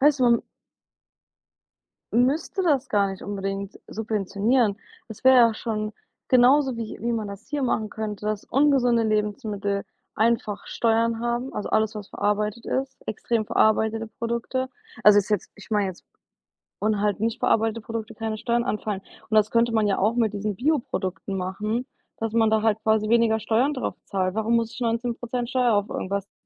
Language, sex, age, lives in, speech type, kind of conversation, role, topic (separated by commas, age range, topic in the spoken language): German, female, 25-29, United States, conversation, unstructured, none, Was empfindest du, wenn es um Massentierhaltung geht?